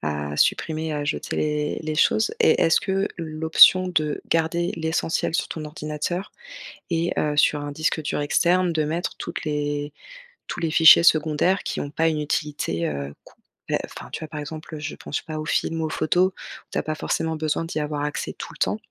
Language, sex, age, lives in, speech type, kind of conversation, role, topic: French, female, 35-39, France, conversation, advice, advisor, Comment puis-je désencombrer efficacement mes appareils et mes comptes en ligne ?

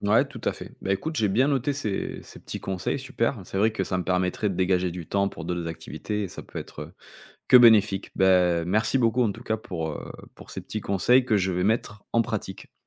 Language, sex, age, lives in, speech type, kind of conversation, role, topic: French, male, 35-39, France, conversation, advice, user, Comment aborder une répartition inégale des tâches ménagères au sein du couple ?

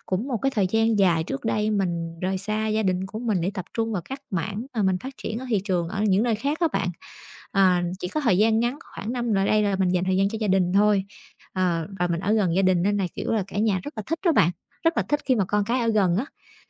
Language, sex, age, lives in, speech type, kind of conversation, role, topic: Vietnamese, female, 30-34, Vietnam, conversation, advice, user, Làm sao để tôi theo đuổi đam mê nghề nghiệp mà không lo mất ổn định tài chính?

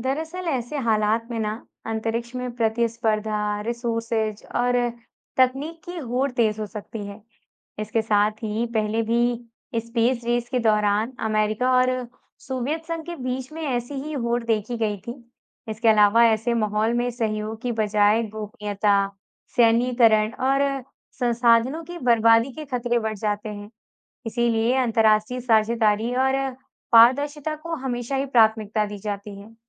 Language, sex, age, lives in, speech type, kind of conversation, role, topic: Hindi, female, 20-24, India, read, expert-assistant, expert, अगर हमें पृथ्वी के बाहर जीवन के संकेत मिल जाएँ, तो वैज्ञानिकों को सबसे पहले किन चुनौतियों का सामना करना पड़ सकता है?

